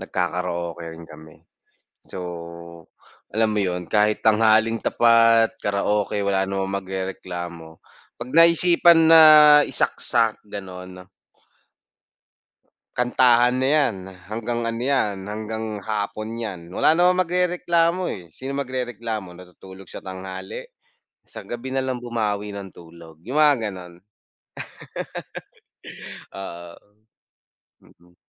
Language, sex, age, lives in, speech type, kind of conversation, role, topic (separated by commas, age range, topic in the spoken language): Filipino, male, 25-29, Philippines, conversation, unstructured, none, Ano ang ginagawa mo para mapasaya ang mga kasama mo sa bahay?